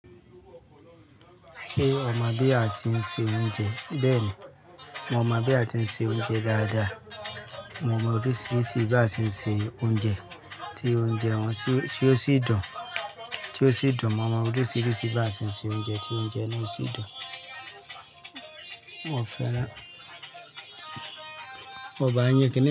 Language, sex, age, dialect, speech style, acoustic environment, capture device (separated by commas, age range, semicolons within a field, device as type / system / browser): Yoruba, male, 35-44, Nigeria - Lagos Yoruba, happy; excited, people talking; music, mobile / Linux / Chrome